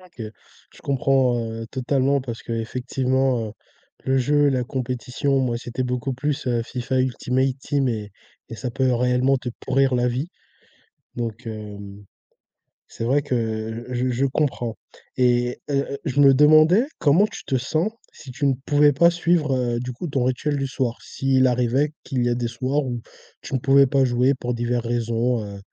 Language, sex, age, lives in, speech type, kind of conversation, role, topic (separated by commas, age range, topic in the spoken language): French, male, 20-24, France, conversation, podcast, host, Avez-vous des rituels du soir avant le coucher ?